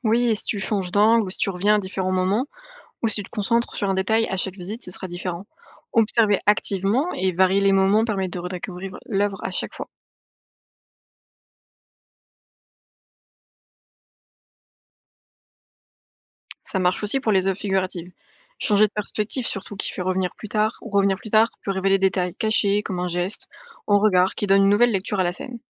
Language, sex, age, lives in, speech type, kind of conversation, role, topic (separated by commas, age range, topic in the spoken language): French, female, 20-24, France, read, expert-assistant, expert, Quand tu lis plusieurs avis sur une œuvre d’art, comment tu t’y prends pour te faire ta propre idée ?